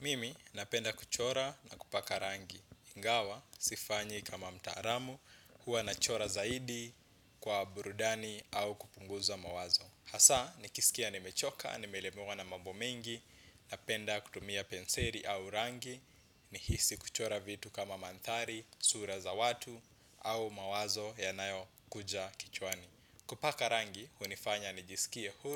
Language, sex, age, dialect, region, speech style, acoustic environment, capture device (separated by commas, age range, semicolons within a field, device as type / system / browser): Swahili, male, 25-34, Kenya - Nairobi Sheng-influenced, Kenya, focused, appliances, desktop / Windows / Chrome